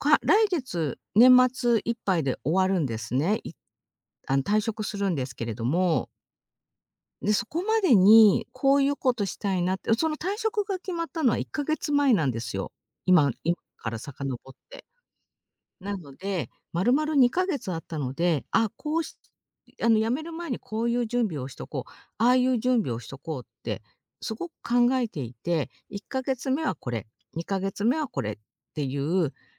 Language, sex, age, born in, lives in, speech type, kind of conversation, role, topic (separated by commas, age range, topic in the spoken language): Japanese, female, 50-54, Japan, Japan, conversation, advice, user, やる気が出なくて趣味や勉強が進まないとき、どうすれば取りかかれるようになりますか？